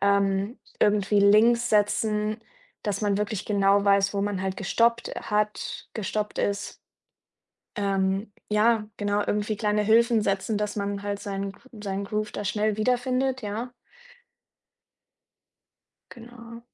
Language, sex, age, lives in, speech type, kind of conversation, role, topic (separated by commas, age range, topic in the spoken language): German, female, 25-29, Germany, conversation, podcast, guest, Wie findest du neue Motivation, wenn dir die Lust nachlässt?